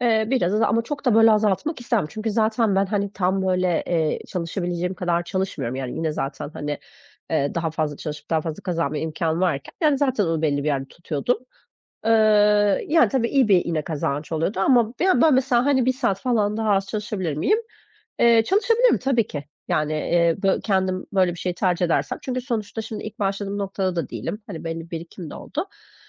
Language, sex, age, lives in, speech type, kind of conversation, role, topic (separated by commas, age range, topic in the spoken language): Turkish, female, 40-44, Greece, conversation, advice, user, Zamanımı nasıl daha iyi planlayıp önceliklerimi belirleyerek günlük hedeflerime ulaşabilirim?